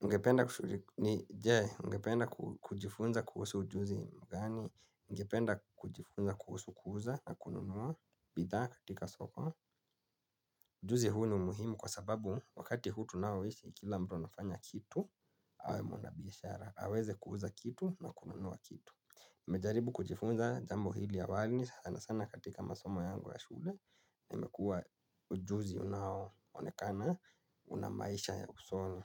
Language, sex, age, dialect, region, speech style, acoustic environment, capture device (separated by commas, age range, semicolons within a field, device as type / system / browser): Swahili, male, 25-34, Kenya - Nairobi Sheng-influenced, Kenya, focused; relaxed, nature, mobile / Linux / Chrome